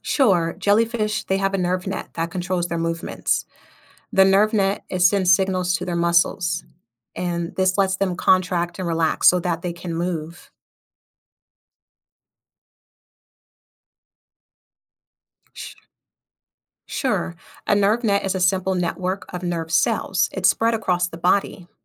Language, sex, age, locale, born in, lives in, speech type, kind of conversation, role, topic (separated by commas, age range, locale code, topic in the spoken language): English, female, 30-34, en-US, United States, United States, read, expert-assistant, expert, How do jellyfish move without a brain?